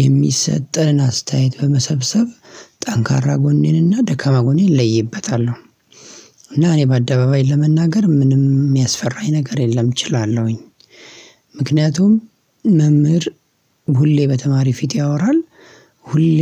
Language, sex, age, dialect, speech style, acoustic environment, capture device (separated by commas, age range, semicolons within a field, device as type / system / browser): Amharic, male, 25-34, Ethiopia - Addis Ababa, happy, silence, mobile / Linux / Chrome